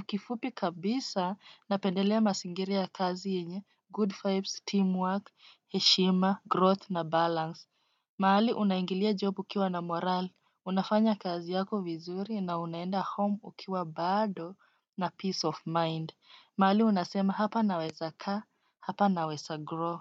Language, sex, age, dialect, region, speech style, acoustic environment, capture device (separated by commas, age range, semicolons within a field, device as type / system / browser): Swahili, female, 25-34, Kenya - Nairobi Sheng-influenced, Kenya, nervous, silence, desktop / Windows / Chrome